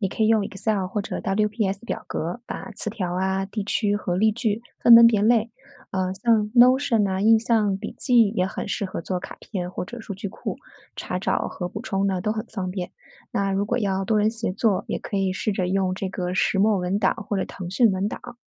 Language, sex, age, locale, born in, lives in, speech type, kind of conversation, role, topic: Chinese, female, 40-44, zh-CN, China, United States, read, expert-assistant, expert, 想了解不同地方的说话习惯，我应该从哪里开始找有趣又可靠的信息？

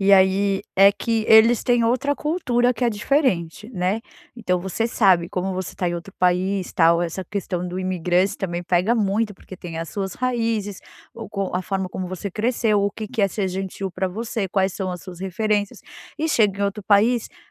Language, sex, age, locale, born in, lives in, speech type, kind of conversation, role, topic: Portuguese, female, 40-44, pt-BR, Brazil, United States, conversation, advice, advisor, Como posso manter a paz quando familiares têm opiniões diferentes?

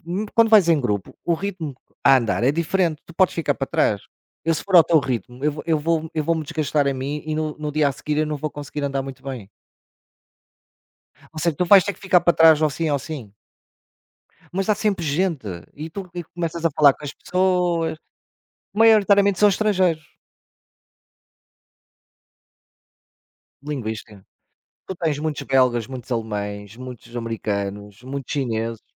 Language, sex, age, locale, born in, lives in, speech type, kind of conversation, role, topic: Portuguese, male, 45-49, pt-PT, Portugal, Portugal, conversation, unstructured, none, Qual lugar na natureza lhe traz mais paz e por quê?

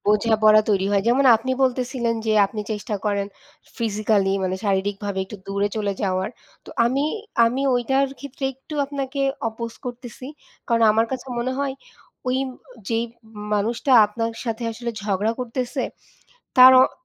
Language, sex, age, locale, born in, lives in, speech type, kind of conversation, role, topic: Bengali, female, 25-29, bn-BD, Bangladesh, Bangladesh, conversation, unstructured, none, সম্পর্কে ঝগড়া হলে আপনি কীভাবে শান্ত থাকতে পারেন?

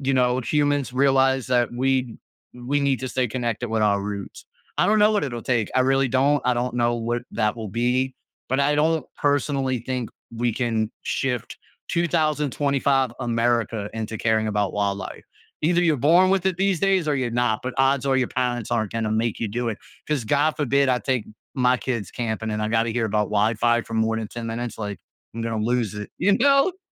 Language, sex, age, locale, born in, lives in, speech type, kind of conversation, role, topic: English, male, 30-34, en-US, United States, United States, conversation, podcast, guest, How does protecting wildlife benefit both nature and people?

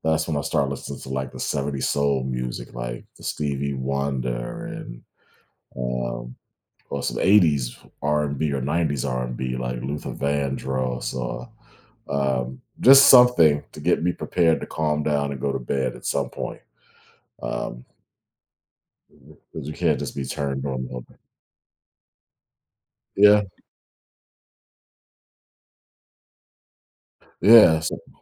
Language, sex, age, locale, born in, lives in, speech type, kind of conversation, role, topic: English, male, 40-44, en-US, United States, United States, conversation, podcast, guest, How does music shape your everyday experiences and routines?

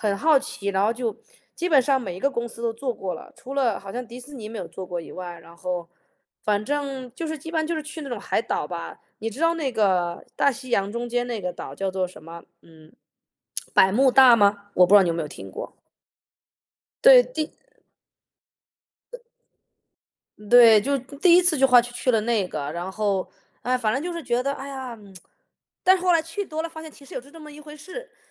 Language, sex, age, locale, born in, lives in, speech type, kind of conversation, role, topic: Chinese, male, 35-39, zh-CN, United States, United States, conversation, unstructured, none, 你在旅行中遇到过最有趣的事情是什么？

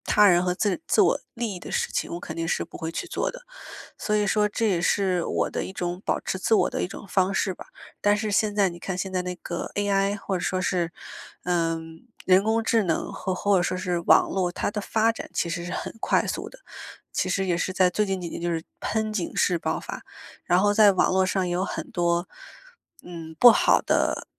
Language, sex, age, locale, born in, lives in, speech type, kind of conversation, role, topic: Chinese, female, 25-29, zh-CN, China, United States, conversation, podcast, guest, 你会如何在保持自我和尝试新事物之间取得平衡？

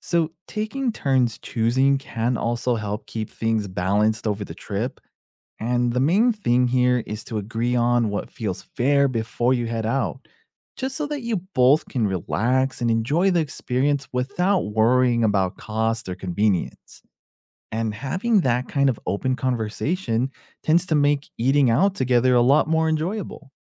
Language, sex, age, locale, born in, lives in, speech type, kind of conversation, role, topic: English, male, 30-34, en-US, United States, United States, read, expert-assistant, expert, How would you find common ground when you and a friend or partner have different vacation styles and need to compromise?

